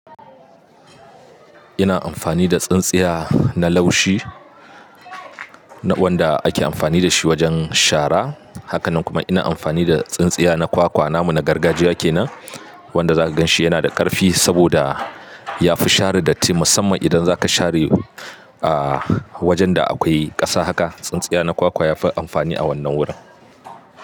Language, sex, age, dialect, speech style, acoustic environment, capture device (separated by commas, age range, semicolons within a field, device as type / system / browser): Hausa, male, 25-34, Nigeria - Katsina, happy, people talking, mobile / Linux / Chrome